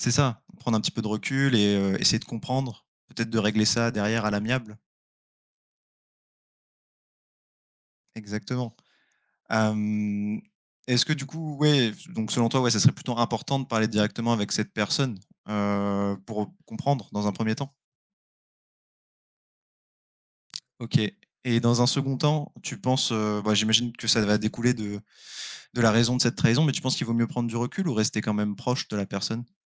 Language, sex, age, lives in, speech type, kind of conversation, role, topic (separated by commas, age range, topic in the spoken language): French, male, 25-29, France, conversation, unstructured, none, Comment réagir lorsqu’un ami vous déçoit profondément ?